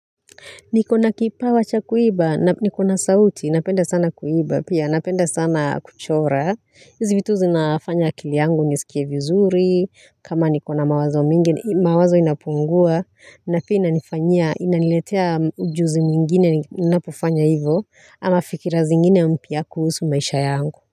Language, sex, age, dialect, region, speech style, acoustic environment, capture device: Swahili, female, 35-44, Kenya - Nairobi Sheng-influenced, Kenya, relaxed, nature, mobile / Linux / Chrome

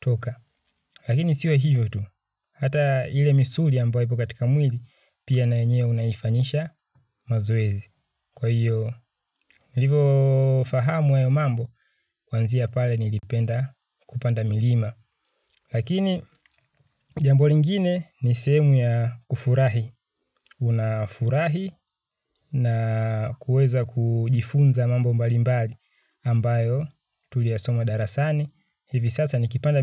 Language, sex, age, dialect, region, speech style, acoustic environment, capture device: Swahili, male, 25-34, Tanzania - Dar es Salaam, Tanzania, happy; relaxed, nature; people talking; construction; appliances; silence; traffic, mobile / Linux / Chrome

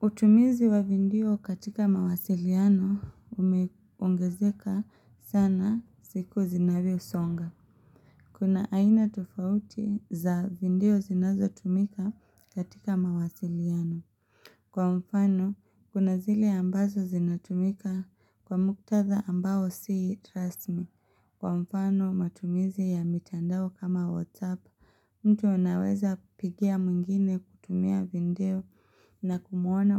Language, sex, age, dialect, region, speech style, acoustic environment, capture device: Swahili, female, 25-34, Kenya - Mombasa, Kenya, focused, silence, mobile / Linux / Chrome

